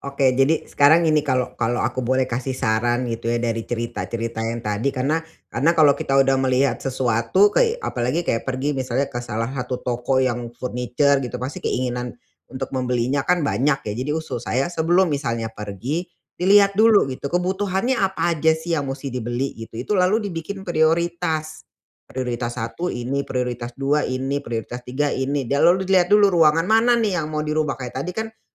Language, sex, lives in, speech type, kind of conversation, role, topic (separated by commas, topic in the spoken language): Indonesian, female, Indonesia, conversation, advice, advisor, Bagaimana cara menimbang kebutuhan dan keinginan saat memilih barang baru untuk rumah?